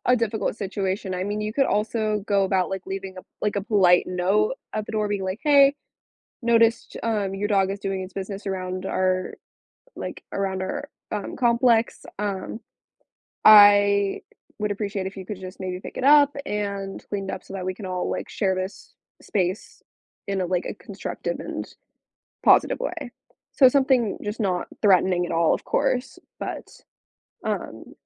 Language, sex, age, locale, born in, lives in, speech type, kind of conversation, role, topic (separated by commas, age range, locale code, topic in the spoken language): English, female, 18-19, en-US, United States, United States, conversation, advice, advisor, How can I calmly resolve ongoing conflicts with a difficult neighbor?